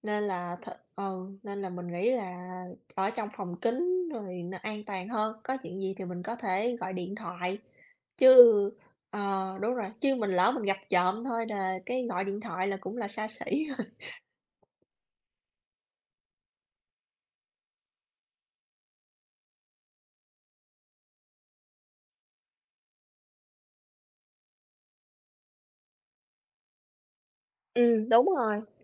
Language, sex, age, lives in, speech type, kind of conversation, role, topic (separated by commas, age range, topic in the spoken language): Vietnamese, female, 35-39, United States, conversation, unstructured, none, Bạn giải thích những tiếng động lạ trong đêm như thế nào?